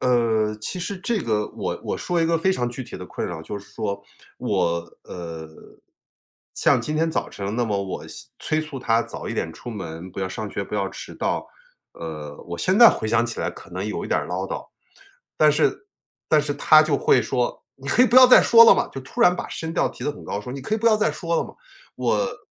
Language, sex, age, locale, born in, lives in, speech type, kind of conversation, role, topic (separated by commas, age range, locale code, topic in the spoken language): Chinese, male, 45-49, zh-CN, China, United States, conversation, advice, user, 搬到新国家后，我该如何在价值观冲突中找到平衡？